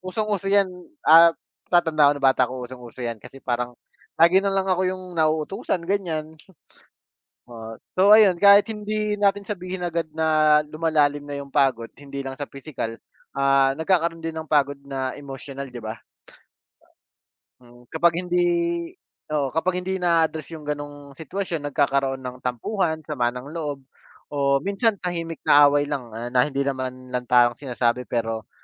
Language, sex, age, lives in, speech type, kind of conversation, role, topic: Filipino, male, 30-34, Philippines, conversation, unstructured, none, Ano ang epekto ng pagtutulungan sa mga gawaing-bahay sa ugnayan ng pamilya?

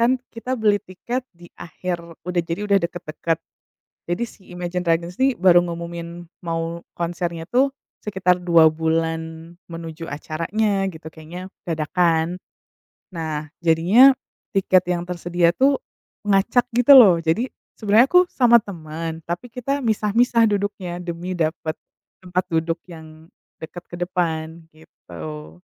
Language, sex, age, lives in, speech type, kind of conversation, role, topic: Indonesian, female, 35-39, United States, conversation, unstructured, none, Apa pengalaman paling berkesan yang pernah kamu alami saat menonton pertunjukan musik?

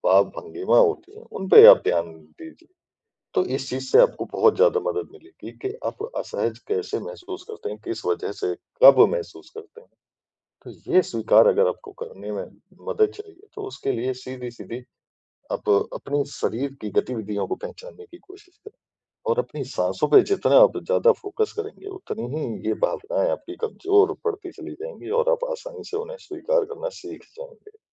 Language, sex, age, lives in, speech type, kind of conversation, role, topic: Hindi, male, 40-44, India, conversation, advice, advisor, मैं अपनी चिंता और भावनाओं को सहजता से कैसे स्वीकार कर सकता/सकती हूँ?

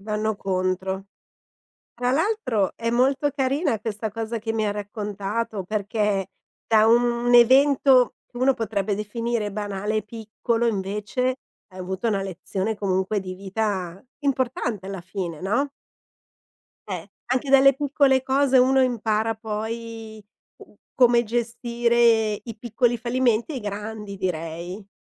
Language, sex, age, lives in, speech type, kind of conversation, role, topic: Italian, female, 50-54, Italy, conversation, podcast, host, Com’è stato il tuo primo tentativo fallito e cosa hai imparato?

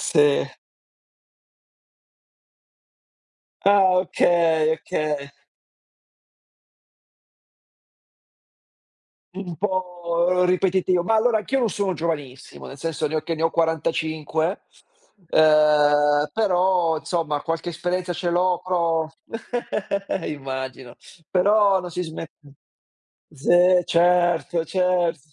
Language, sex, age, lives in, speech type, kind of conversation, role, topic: Italian, male, 45-49, Italy, conversation, unstructured, none, Qual è il ricordo più sorprendente che conservi di un viaggio?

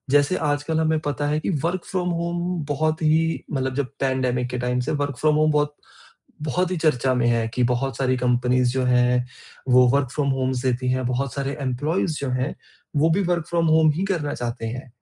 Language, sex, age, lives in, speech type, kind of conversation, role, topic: Hindi, male, 30-34, India, conversation, podcast, host, Kaise balance karte ho office aur ghar ke chores?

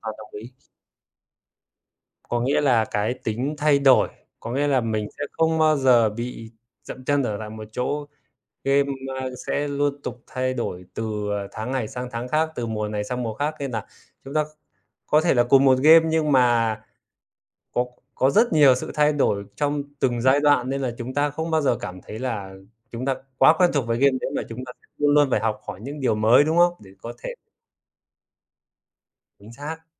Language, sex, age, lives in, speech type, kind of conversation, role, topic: Vietnamese, male, 25-29, Vietnam, conversation, unstructured, none, Trò chơi điện tử nào bạn muốn giới thiệu cho bạn bè, và vì sao?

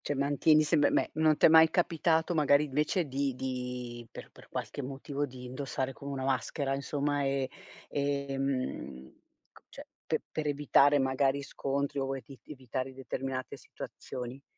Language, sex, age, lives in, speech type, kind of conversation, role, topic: Italian, female, 50-54, Italy, conversation, podcast, host, Che significa per te essere autentico quando ti esprimi?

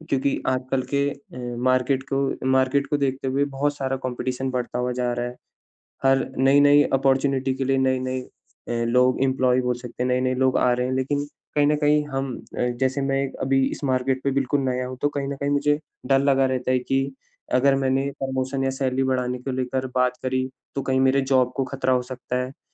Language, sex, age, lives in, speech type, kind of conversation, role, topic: Hindi, male, 25-29, India, conversation, advice, user, मैं अपनी सैलरी बढ़ाने या प्रमोशन माँगने में जो डर और झिझक महसूस करता/करती हूँ, उसे कैसे दूर करूँ?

